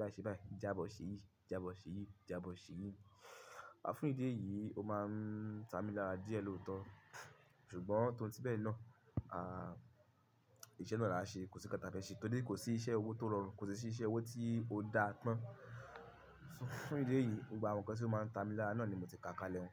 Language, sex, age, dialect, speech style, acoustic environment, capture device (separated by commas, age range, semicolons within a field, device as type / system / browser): Yoruba, male, 25-34, Nigeria - Ibadan, focused, music; people talking, mobile / Linux / Chrome